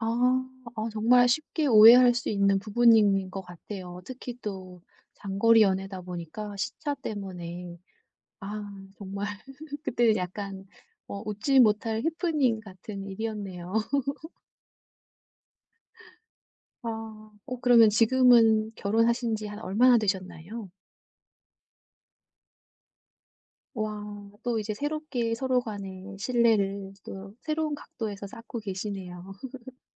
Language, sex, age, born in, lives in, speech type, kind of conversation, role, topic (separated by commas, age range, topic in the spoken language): Korean, female, 55-59, South Korea, South Korea, conversation, podcast, host, 신뢰는 어떻게 쌓일까요?